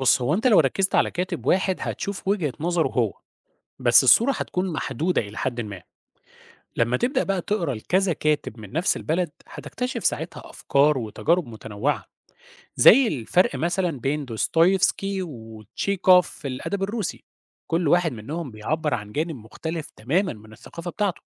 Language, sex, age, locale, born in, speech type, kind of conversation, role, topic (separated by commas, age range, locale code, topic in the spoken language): Arabic, male, 30-34, ar-EG, Egypt, read, expert-assistant, expert, لو عايز أتعرف على قصص أدبية من بلاد مختلفة وأشوف إزاي بتشبه وتتفرق عن بعض، أتابع مين أو أروح فين؟